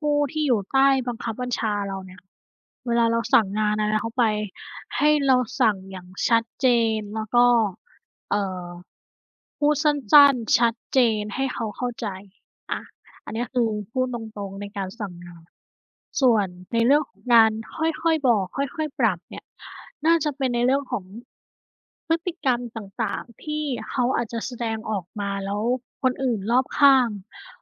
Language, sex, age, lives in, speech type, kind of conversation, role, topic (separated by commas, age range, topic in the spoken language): Thai, female, 30-34, Thailand, conversation, unstructured, none, คุณคิดว่าการสื่อสารในความสัมพันธ์สำคัญแค่ไหน?